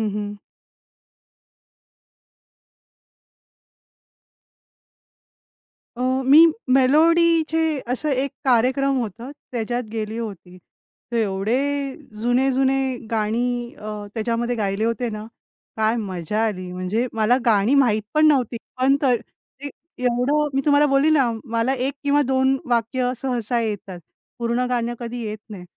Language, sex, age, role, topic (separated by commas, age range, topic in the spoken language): Marathi, female, 35-39, guest, गाण्यातील शब्द आणि संगीत यांपैकी तुम्हाला अधिक काय भावतं?